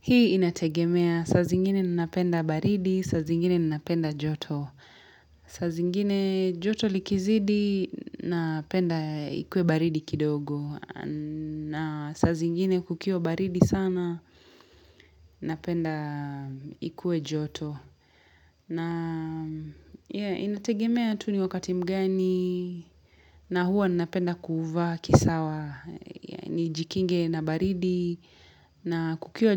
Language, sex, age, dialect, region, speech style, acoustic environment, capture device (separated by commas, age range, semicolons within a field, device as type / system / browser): Swahili, female, 25-34, Kenya - Mombasa, Kenya, happy; excited; focused; relaxed, silence, mobile / Linux / Chrome